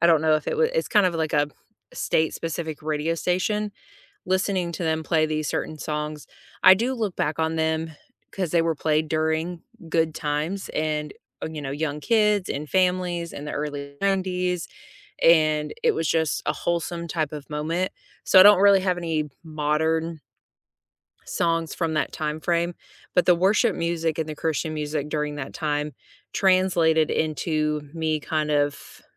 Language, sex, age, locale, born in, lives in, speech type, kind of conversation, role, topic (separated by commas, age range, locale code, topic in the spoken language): English, female, 35-39, en-US, United States, United States, conversation, unstructured, none, Which songs became the soundtrack to the moments that changed you, and why do they matter?